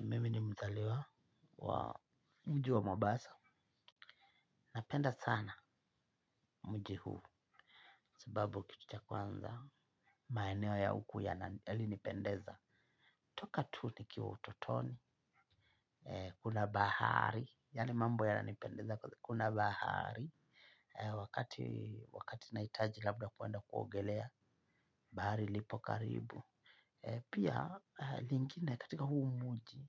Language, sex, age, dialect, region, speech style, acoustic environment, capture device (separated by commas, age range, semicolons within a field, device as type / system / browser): Swahili, male, 25-34, Kenya - Mombasa, Kenya, happy; relaxed; focused, silence, mobile / Linux / Chrome